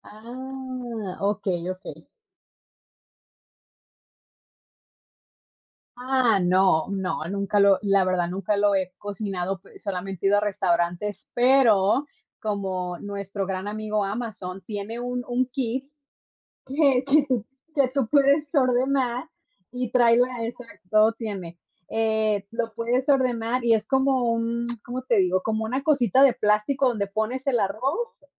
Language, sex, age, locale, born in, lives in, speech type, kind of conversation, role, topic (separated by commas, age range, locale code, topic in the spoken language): Spanish, female, 30-34, es-MX, Mexico, United States, conversation, unstructured, none, ¿Cuál es tu comida favorita y por qué te gusta tanto?